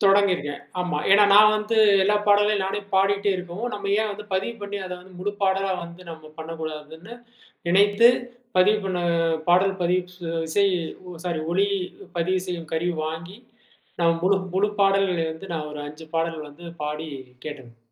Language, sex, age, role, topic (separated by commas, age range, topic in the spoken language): Tamil, male, 35-39, guest, பிரிவு அனுபவங்களும் சந்தோஷமான தருணங்களும் உங்கள் இசையை மாற்றியதா?